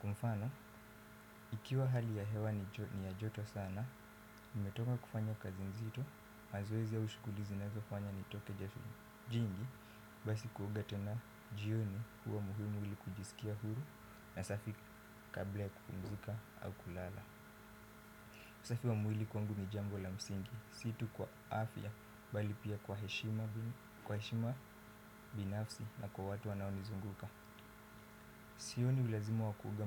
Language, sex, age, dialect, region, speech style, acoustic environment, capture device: Swahili, male, 18-24, Kenya - Mombasa, Kenya, focused, silence, desktop / Windows / Firefox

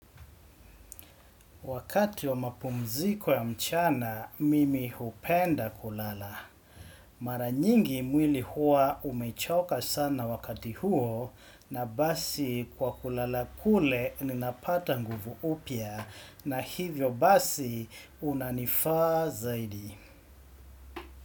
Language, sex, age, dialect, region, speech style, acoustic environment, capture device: Swahili, male, 35-44, Kenya - Mombasa, Kenya, relaxed, silence, desktop / Windows / Chrome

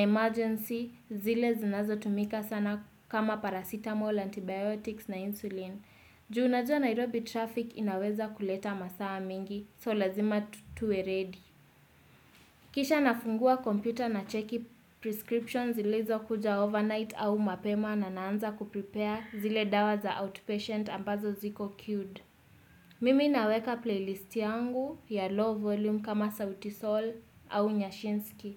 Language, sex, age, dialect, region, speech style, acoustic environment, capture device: Swahili, female, 18-24, Kenya - Nairobi Sheng-influenced, Kenya, relaxed; focused; excited, silence, desktop / Windows / Chrome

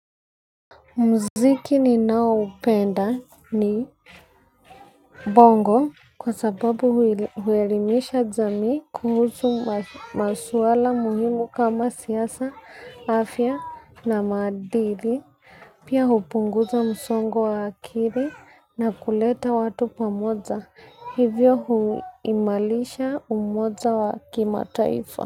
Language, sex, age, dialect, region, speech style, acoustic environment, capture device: Swahili, female, 25-34, Kenya - Nairobi Sheng-influenced, Kenya, focused, silence; people talking, mobile / Linux / Chrome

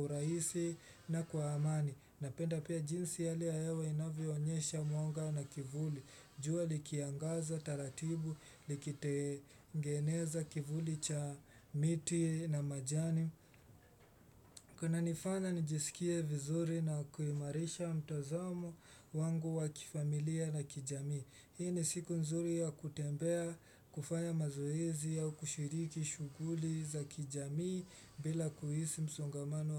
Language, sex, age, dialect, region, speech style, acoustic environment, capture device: Swahili, male, 18-24, Kenya - Mombasa, Kenya, relaxed; focused, silence, desktop / Windows / Chrome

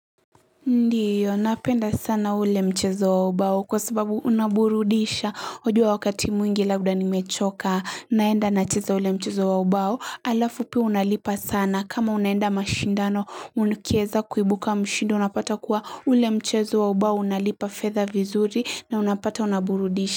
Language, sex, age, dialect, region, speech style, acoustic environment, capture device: Swahili, female, 18-24, Kenya - Mombasa, Kenya, happy, silence, mobile / Linux / Chrome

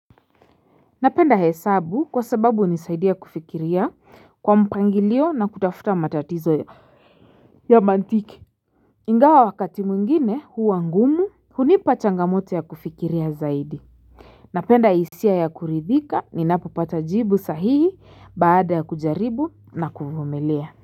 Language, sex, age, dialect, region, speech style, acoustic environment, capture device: Swahili, female, 25-34, Kenya - Nairobi Sheng-influenced, Kenya, relaxed; excited, silence, mobile / Linux / Chrome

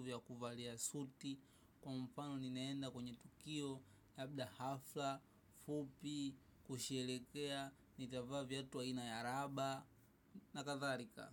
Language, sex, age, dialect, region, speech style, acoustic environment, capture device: Swahili, male, 18-24, Tanzania - Dar es Salaam, Tanzania, happy; relaxed; excited; focused, traffic, mobile / macOS / Safari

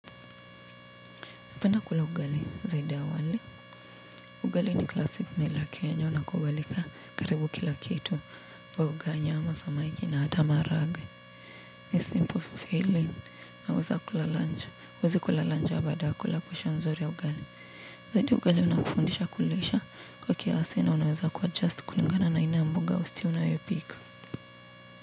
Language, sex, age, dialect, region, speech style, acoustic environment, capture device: Swahili, female, 18-24, Kenya - Nairobi Sheng-influenced, Kenya, tired, people talking; traffic; appliances; music; nature, mobile / Linux / Chrome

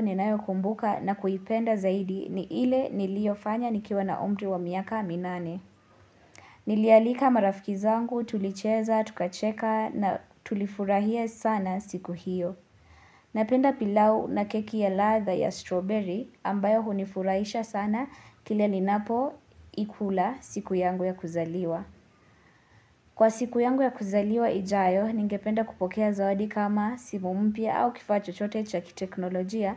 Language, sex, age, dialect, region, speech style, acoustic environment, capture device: Swahili, female, 18-24, Kenya - Nairobi Sheng-influenced, Kenya, nervous, silence, mobile / Linux / Chrome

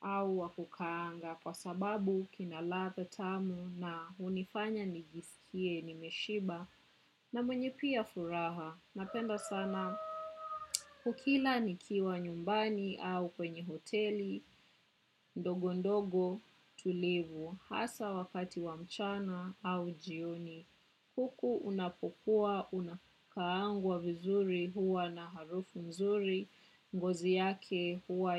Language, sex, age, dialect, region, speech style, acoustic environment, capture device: Swahili, female, 25-34, Kenya - Nairobi Sheng-influenced, Kenya, relaxed, silence, desktop / macOS / Chrome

